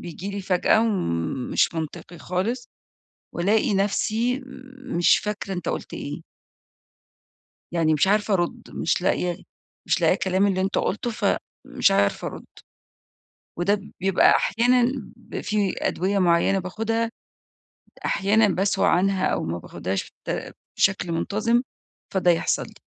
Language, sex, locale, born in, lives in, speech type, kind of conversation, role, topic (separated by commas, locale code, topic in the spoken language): Arabic, female, ar-EG, Egypt, Egypt, conversation, advice, user, إزاي أتعامل مع النسيان اللي بيتكرر من غير ما يضيع التقدم اللي عملته؟